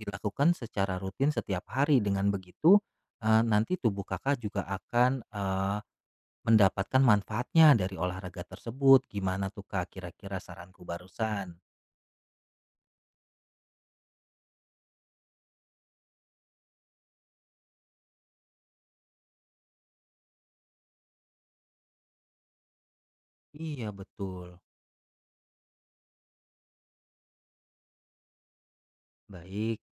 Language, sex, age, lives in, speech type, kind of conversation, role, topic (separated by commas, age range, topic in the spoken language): Indonesian, male, 35-39, Indonesia, conversation, advice, advisor, Bagaimana cara membuat perubahan kecil sehari-hari agar menjadi kebiasaan positif yang bertahan?